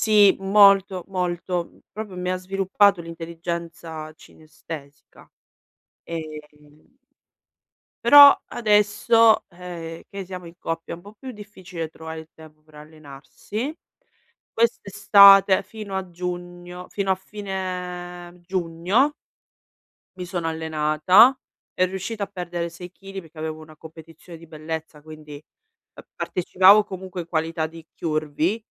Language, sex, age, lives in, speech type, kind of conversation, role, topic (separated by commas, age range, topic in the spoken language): Italian, female, 35-39, Italy, conversation, advice, user, Come posso capire se sto comprando per un bisogno reale o perché influenzato dalla moda e dai social media?